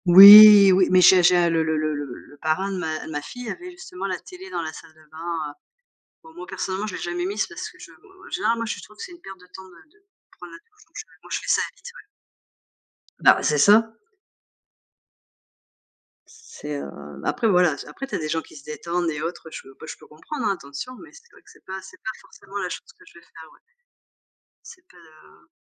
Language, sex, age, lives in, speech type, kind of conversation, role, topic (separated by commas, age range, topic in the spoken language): French, female, 45-49, France, conversation, unstructured, none, Préféreriez-vous vivre sans musique ou sans films pour le reste de votre vie ?